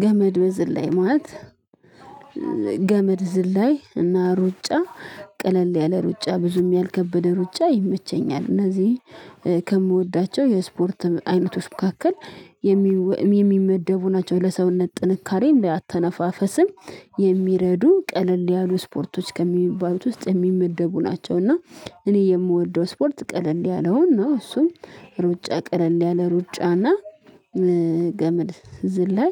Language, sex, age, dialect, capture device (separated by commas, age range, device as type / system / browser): Amharic, female, 25-34, Ethiopia - Addis Ababa, mobile / Linux / Chrome